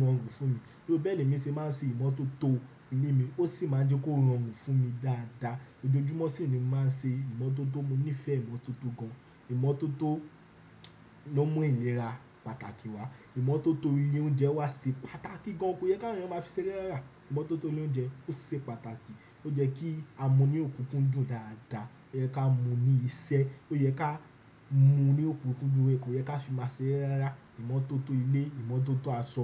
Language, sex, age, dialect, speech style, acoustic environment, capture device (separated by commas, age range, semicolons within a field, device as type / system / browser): Yoruba, male, 18-24, Nigeria - Nigerian Standard, relaxed, nature, desktop / Windows / Chrome